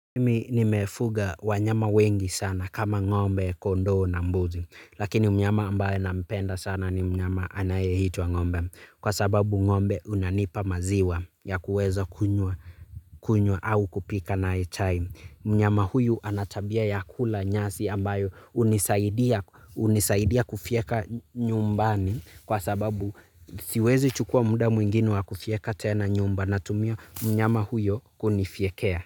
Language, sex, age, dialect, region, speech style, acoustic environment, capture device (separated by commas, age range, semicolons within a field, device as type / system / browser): Swahili, male, 25-34, Kenya - Nairobi Sheng-influenced, Kenya, relaxed; stressed, nature, mobile / Linux / Chrome